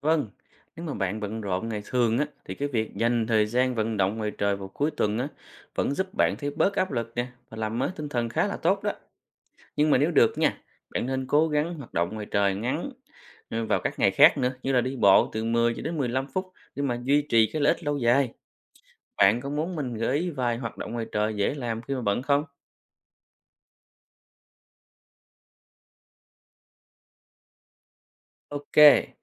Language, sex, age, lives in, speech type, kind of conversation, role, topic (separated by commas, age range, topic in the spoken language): Vietnamese, male, 30-34, Vietnam, read, expert-assistant, expert, Lợi ích của việc tham gia các hoạt động ngoài trời